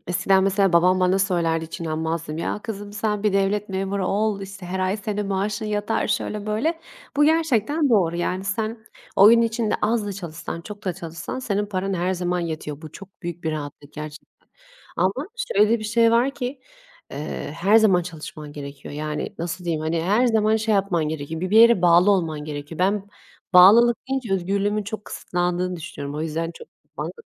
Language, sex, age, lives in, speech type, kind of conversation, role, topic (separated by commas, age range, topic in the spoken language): Turkish, female, 25-29, Italy, conversation, unstructured, none, İş hayatında motivasyonunuzu nasıl korursunuz?